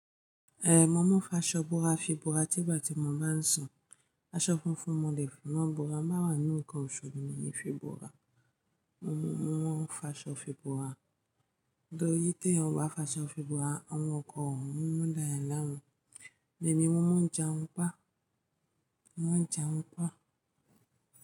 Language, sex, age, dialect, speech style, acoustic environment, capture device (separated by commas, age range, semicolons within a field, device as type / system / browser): Yoruba, female, 25-34, Nigeria - Ijebu, happy; focused, music; nature; people talking, mobile / Linux / Chrome